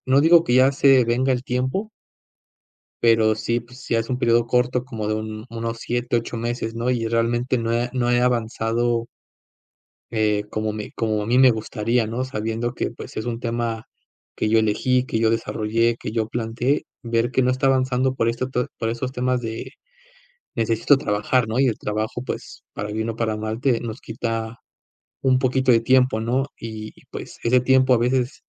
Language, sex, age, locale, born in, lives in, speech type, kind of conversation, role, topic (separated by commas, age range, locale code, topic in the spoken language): Spanish, male, 25-29, es-MX, Mexico, Mexico, conversation, advice, user, ¿Cómo describirías tu crisis existencial después de alcanzar una meta importante?